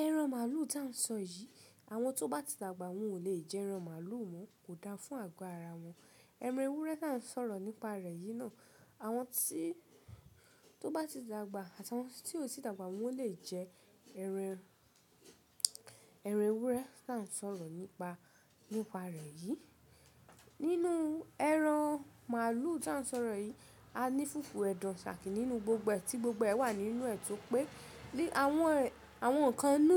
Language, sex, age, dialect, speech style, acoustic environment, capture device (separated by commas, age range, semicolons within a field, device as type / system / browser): Yoruba, female, 25-34, Nigeria - Ibadan, relaxed, nature, mobile / Linux / Chrome